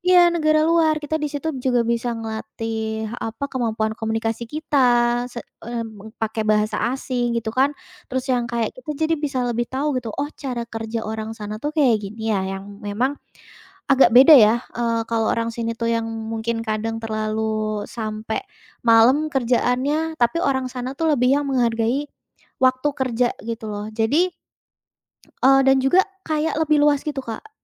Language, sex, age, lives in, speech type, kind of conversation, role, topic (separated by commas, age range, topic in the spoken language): Indonesian, female, 20-24, Indonesia, conversation, podcast, guest, Apa tips Anda untuk membangun relasi secara daring dan luring?